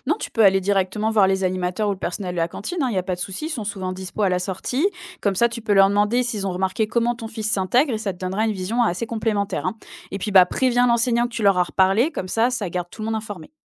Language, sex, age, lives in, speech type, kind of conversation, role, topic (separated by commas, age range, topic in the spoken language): French, female, 25-29, France, read, expert-assistant, expert, Comment aider un enfant à se faire des amis quand il ne vient pas du même milieu que les autres ?